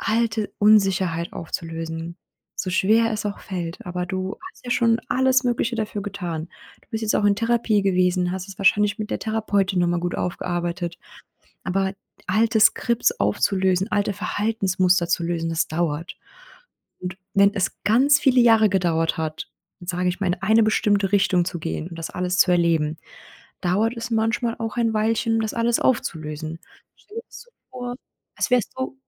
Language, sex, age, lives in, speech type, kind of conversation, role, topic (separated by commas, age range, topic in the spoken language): German, female, 30-34, Germany, conversation, advice, advisor, Wie kann ich mit meiner Unsicherheit wegen meines Körperbildes beim Dating oder in der Öffentlichkeit umgehen?